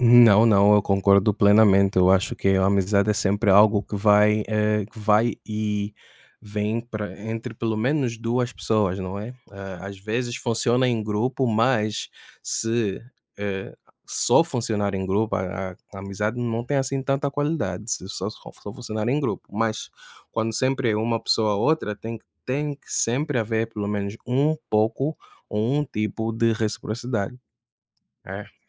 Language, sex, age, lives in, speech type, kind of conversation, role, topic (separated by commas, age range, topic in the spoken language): Portuguese, male, 25-29, United States, conversation, unstructured, none, Qual é o segredo para manter uma amizade de longa data?